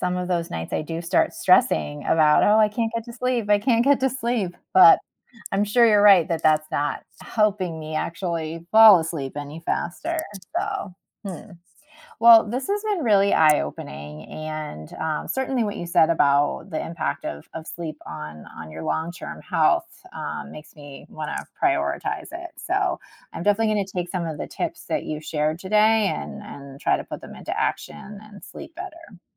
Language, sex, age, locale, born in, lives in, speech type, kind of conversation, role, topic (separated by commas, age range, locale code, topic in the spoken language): English, female, 40-44, en-US, United States, United States, read, expert-assistant, asker, Why is it important to get enough sleep each night?